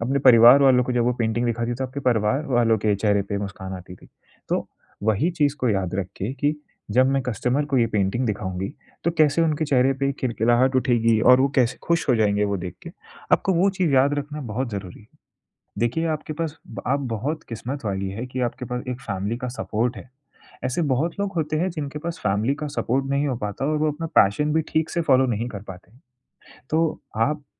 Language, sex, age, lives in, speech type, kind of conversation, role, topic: Hindi, male, 20-24, India, conversation, advice, advisor, मैं पूर्णता की चिंता छोड़कर अपने रचनात्मक प्रोजेक्ट की शुरुआत कैसे करूँ?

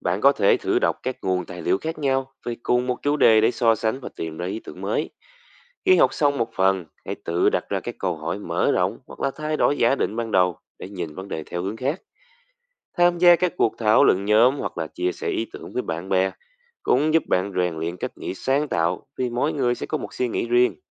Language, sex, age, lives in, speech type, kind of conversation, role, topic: Vietnamese, male, 20-24, Vietnam, read, expert-assistant, expert, Làm thế nào để phát triển tư duy sáng tạo trong học tập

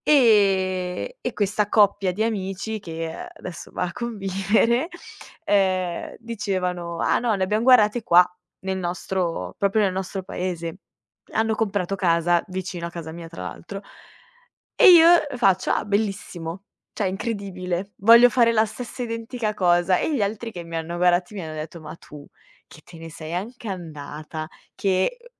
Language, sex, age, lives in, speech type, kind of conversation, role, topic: Italian, female, 25-29, Italy, conversation, podcast, guest, Qual è il primo posto che hai chiamato davvero casa?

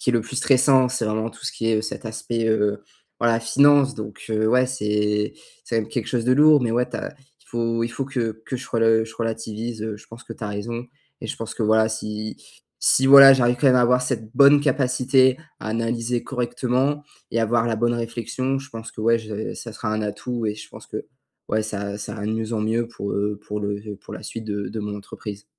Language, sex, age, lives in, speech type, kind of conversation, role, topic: French, male, 18-19, France, conversation, advice, user, Pourquoi ai-je si peur d’échouer au point que cela m’empêche d’agir ?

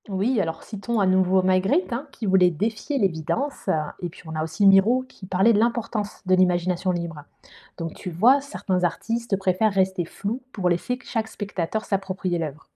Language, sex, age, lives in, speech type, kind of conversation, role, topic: French, female, 35-39, Germany, read, expert-assistant, expert, Quand je doute, est-ce qu’une œuvre d’art ou un artiste m’a déjà aidé à voir les choses autrement ?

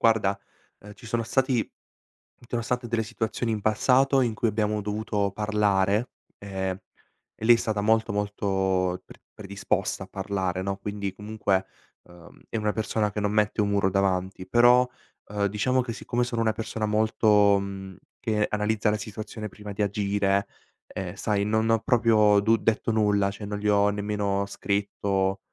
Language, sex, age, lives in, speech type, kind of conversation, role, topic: Italian, male, 18-19, Italy, conversation, advice, user, Come descriveresti un'amicizia che si è raffreddata senza spiegazioni?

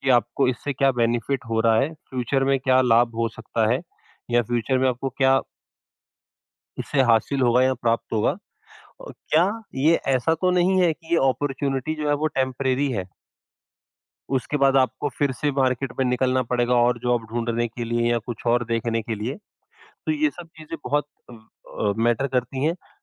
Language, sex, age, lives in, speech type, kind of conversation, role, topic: Hindi, female, 55-59, India, conversation, podcast, guest, Kabhi tumhe koi unexpected nateeja mila ho, toh us experience ne tumhe kya sikhaya?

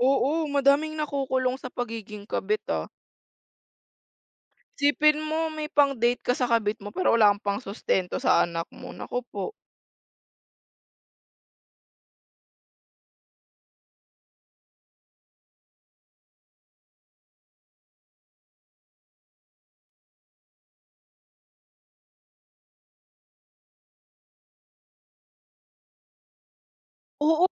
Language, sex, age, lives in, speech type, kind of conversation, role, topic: Filipino, female, 20-24, Philippines, conversation, unstructured, none, Paano mo haharapin ang pagkakaroon ng lihim sa isang relasyon?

